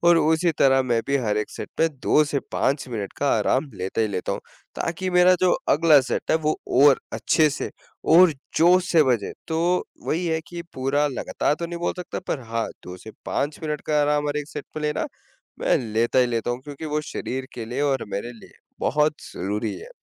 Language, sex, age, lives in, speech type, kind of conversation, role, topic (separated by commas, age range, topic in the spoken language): Hindi, male, 20-24, India, conversation, advice, user, वर्कआउट के बाद मुझे लगातार थकान क्यों महसूस होती है?